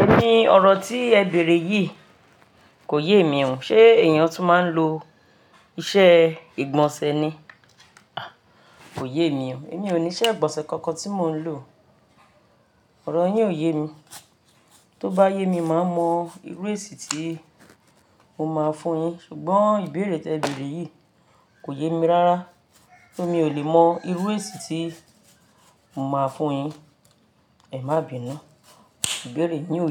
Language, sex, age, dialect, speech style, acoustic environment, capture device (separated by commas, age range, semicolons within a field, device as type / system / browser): Yoruba, female, 35-44, Nigeria - Lagos Yoruba, happy, silence, mobile / Linux / Chrome